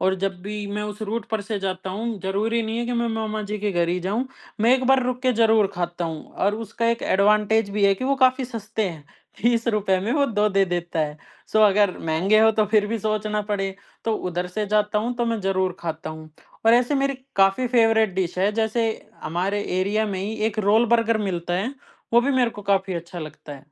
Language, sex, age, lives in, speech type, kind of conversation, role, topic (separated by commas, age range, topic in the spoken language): Hindi, male, 20-24, India, conversation, podcast, guest, Aisa kaunsa shehar ka local khaana hai jo aap aaj tak bhool nahi paaye, aur woh kya tha?